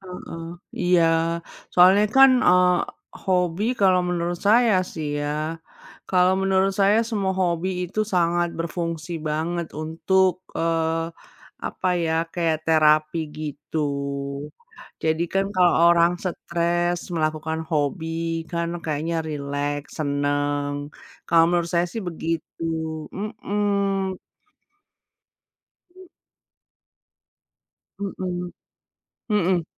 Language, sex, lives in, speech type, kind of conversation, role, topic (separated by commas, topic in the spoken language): Indonesian, female, United States, conversation, unstructured, none, Mengapa orang sering meremehkan hobi yang tidak mereka sukai?